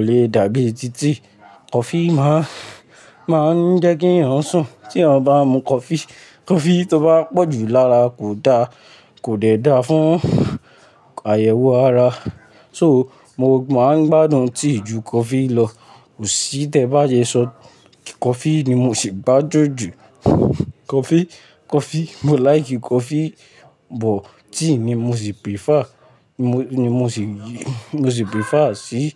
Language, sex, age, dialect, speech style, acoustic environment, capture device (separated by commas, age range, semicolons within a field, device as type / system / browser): Yoruba, male, 18-24, Nigeria - Lagos Yoruba, relaxed; focused, nature, mobile / Linux / Chrome